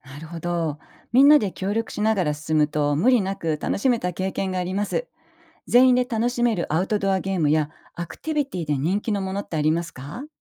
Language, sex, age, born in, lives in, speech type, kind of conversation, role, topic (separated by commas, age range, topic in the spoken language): Japanese, female, 55-59, Japan, Japan, read, expert-assistant, asker, 友人や家族とハイキングやキャンプに行くとき、みんなが楽しめる計画を立てるコツって何でしょう？